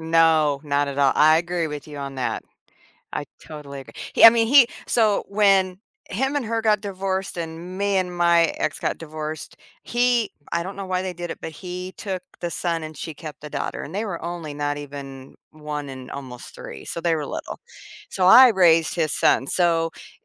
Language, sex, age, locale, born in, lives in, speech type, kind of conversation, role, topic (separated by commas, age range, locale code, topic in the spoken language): English, female, 55-59, en-US, United States, United States, conversation, unstructured, none, How do I adjust when a childhood belief about me is wrong?